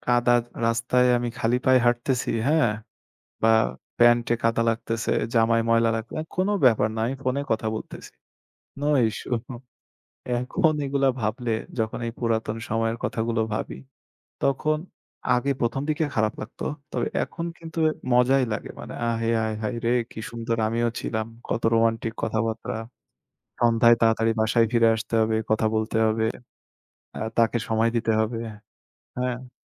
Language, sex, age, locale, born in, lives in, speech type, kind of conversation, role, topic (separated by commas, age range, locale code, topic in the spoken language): Bengali, male, 25-29, bn-BD, Bangladesh, Bangladesh, conversation, unstructured, none, তোমার কাছে প্রথম প্রেমের স্মৃতি কেমন?